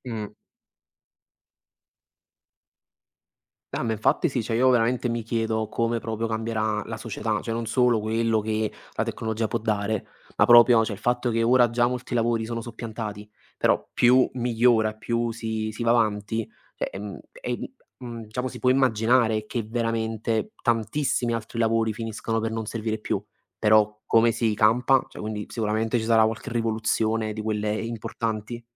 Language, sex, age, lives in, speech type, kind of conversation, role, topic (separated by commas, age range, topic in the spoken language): Italian, male, 20-24, Italy, conversation, unstructured, none, Che cosa ti entusiasma di più delle nuove tecnologie?